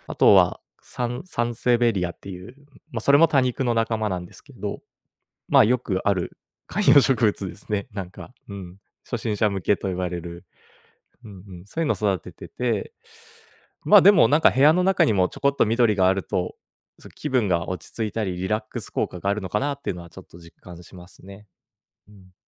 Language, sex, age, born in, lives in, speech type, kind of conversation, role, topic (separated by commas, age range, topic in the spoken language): Japanese, male, 30-34, Japan, Japan, conversation, podcast, guest, 植物を育てていて、どんなことに気づきましたか？